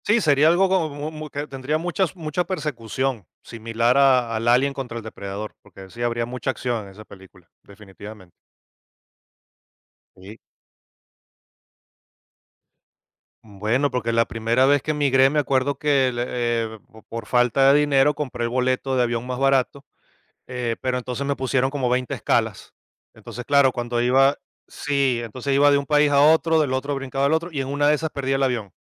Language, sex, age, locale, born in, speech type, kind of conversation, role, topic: Spanish, male, 45-49, es-VE, Venezuela, conversation, podcast, guest, ¿Qué tema elegirías para una película sobre tu vida?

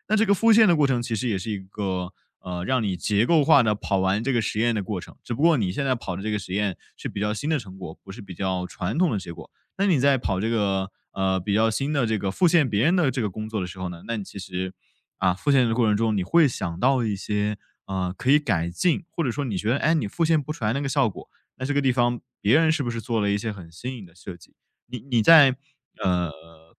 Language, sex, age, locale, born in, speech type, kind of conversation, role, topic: Chinese, male, 20-24, zh-CN, China, conversation, podcast, guest, 你如何在实验中平衡自由和结构？？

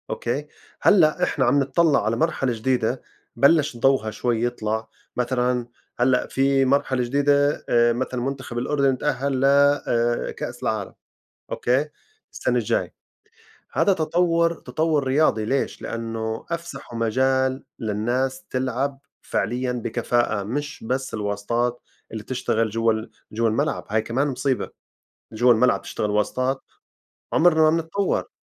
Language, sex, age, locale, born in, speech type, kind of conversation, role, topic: Arabic, male, 40-44, ar-JO, Saudi Arabia, conversation, unstructured, none, إيه دور الشباب في تحسين المجتمع؟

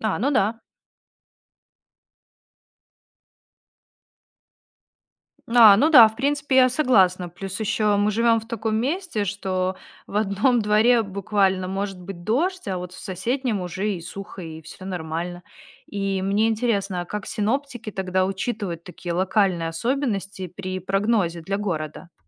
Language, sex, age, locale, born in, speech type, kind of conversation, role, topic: Russian, female, 30-34, ru-RU, Belarus, read, expert-assistant, asker, Какими способами можно сделать прогноз погоды более точным и полезным для обычных людей?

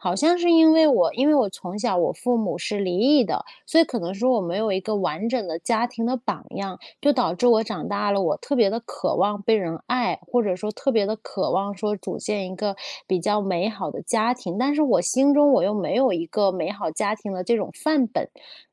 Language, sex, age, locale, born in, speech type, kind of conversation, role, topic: Chinese, female, 30-34, zh-CN, China, conversation, advice, user, 我总是害怕被伴侣抛弃或在关键时刻背叛，该怎么办？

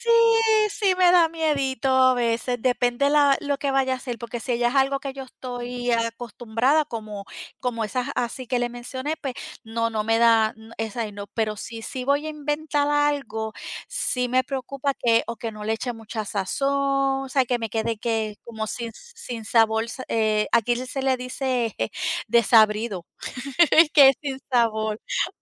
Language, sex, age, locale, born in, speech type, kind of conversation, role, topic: Spanish, female, 50-54, es-DO, Puerto Rico, conversation, unstructured, none, ¿Qué comida te hace sentir más feliz al comerla?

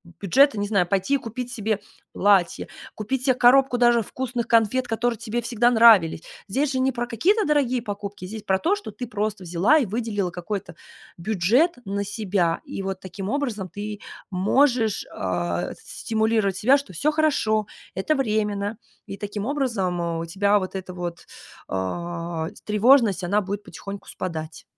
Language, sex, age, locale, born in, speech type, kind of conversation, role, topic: Russian, female, 30-34, ru-RU, Russia, conversation, advice, advisor, Как справиться с резким снижением дохода и необходимостью изменить привычный образ жизни?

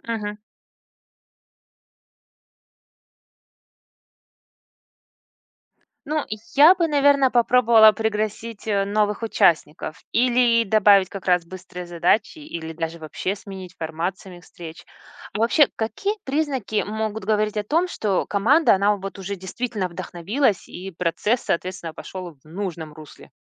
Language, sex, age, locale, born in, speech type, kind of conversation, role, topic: Russian, female, 40-44, ru-RU, Russia, read, expert-assistant, asker, Как можно использовать знания о культуре при планировании волонтёрских проектов?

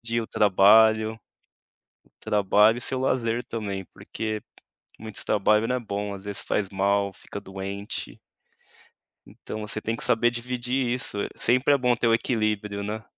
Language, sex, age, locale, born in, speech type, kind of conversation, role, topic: Portuguese, male, 35-39, pt-BR, Brazil, conversation, advice, advisor, Como posso definir limites claros entre o trabalho remoto e a vida em casa?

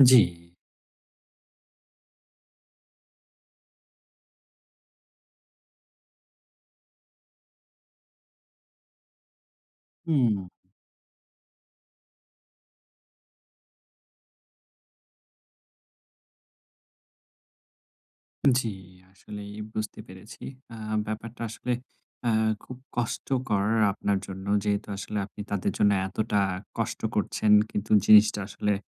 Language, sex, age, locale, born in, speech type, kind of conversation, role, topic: Bengali, male, 30-34, bn-BD, Bangladesh, conversation, advice, advisor, কাজের চাহিদা আর পরিবারের দায়িত্ব একসঙ্গে কীভাবে সামলাব?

